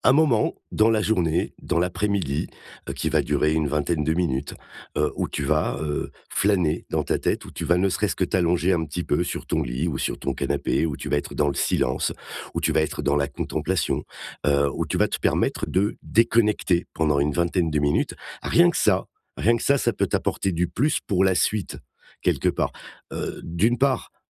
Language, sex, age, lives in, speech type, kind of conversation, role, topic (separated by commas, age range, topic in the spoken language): French, male, 55-59, France, conversation, advice, advisor, Comment puis-je maintenir un rythme de sommeil régulier malgré le stress quotidien ?